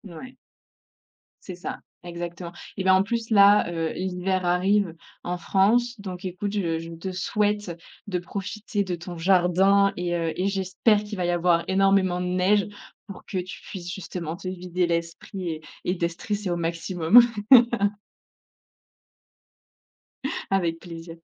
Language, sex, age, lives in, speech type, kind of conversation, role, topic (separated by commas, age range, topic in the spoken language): French, female, 25-29, France, conversation, podcast, host, Quel est l’endroit où tu as trouvé la paix intérieure ?